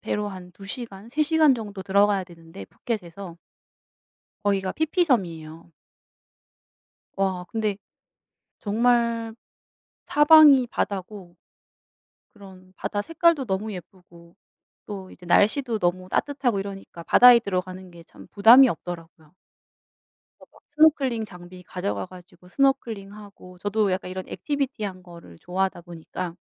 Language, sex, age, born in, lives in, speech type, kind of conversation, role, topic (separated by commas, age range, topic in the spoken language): Korean, female, 35-39, South Korea, South Korea, conversation, unstructured, none, 여행지에서 꼭 해보고 싶은 활동이 있으신가요?